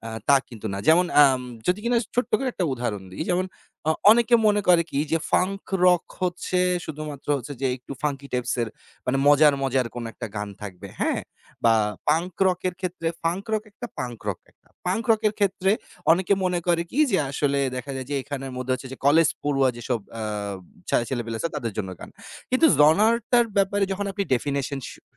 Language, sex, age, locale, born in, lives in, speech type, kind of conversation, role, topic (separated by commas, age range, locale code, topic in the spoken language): Bengali, male, 30-34, bn-BD, Bangladesh, Bangladesh, conversation, podcast, guest, আপনার সঙ্গীতের রুচি কীভাবে গড়ে উঠেছে?